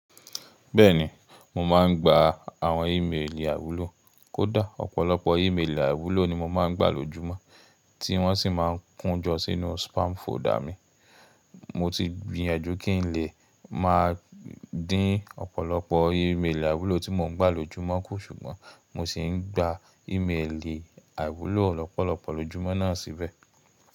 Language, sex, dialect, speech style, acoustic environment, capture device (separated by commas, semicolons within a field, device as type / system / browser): Yoruba, male, Nigeria - Nigerian Standard, relaxed, silence; nature, mobile / Linux / Chrome